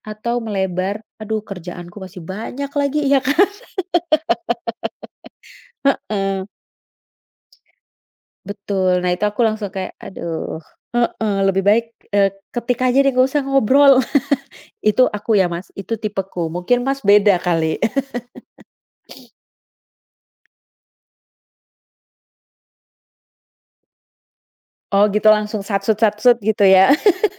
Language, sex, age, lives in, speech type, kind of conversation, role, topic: Indonesian, female, 35-39, Netherlands, conversation, unstructured, none, Bagaimana teknologi mengubah cara kita berkomunikasi?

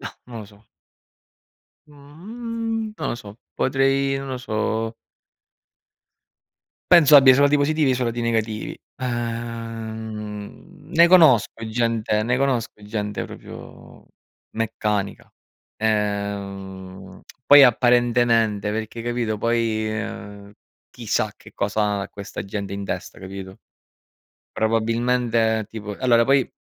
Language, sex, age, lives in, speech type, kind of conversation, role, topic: Italian, male, 30-34, Italy, conversation, unstructured, none, Qual è stata la più grande lezione che hai imparato sull’empatia?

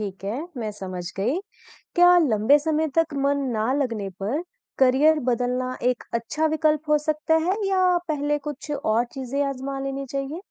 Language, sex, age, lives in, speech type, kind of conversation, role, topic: Hindi, female, 35-39, India, read, expert-assistant, asker, समय पर काम पूरा करने के तरीके क्या हैं?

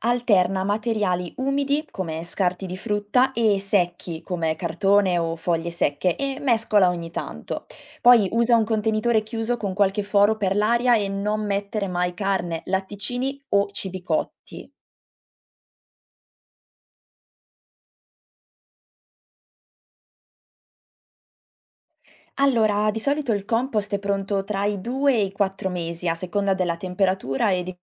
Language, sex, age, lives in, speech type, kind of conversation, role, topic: Italian, female, 20-24, Italy, read, expert-assistant, expert, Quali piccoli cambiamenti pratici posso adottare per rendere il mio orto o giardino più sostenibile?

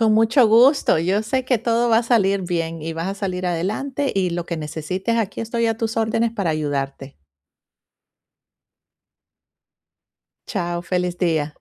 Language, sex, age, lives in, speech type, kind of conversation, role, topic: Spanish, female, 45-49, United States, conversation, advice, advisor, ¿Cómo puedo controlar los impulsos de gasto que desajustan mi presupuesto?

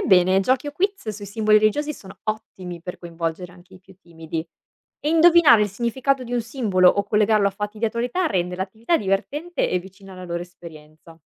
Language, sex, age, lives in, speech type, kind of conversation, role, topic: Italian, female, 25-29, Italy, read, expert-assistant, expert, Come potremmo rendere lo studio delle religioni più vicino alla vita quotidiana delle persone?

